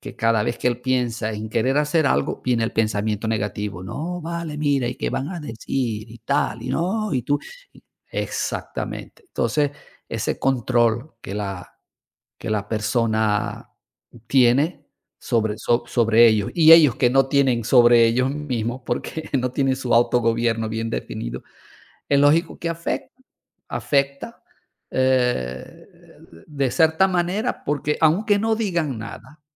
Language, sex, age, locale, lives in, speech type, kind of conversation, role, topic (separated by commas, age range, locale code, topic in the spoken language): Spanish, male, 55-59, es-VE, Portugal, conversation, unstructured, none, ¿Crees que es justo trabajar horas extra sin pago adicional?